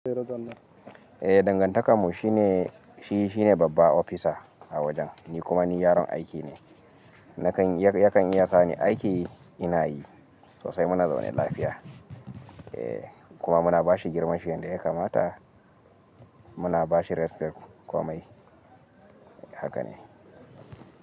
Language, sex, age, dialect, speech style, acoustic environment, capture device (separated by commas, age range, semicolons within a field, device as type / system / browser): Hausa, male, 25-34, Nigeria - Kano, happy, silence, mobile / Linux / Chrome